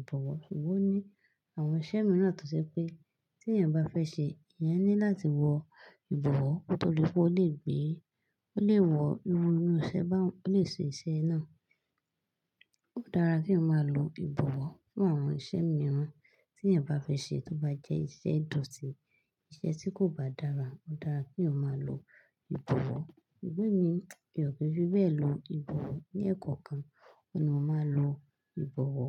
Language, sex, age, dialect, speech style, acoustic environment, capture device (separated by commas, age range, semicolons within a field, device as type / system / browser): Yoruba, female, 25-34, Nigeria - Lagos Yoruba, focused, silence, desktop / Linux / Chrome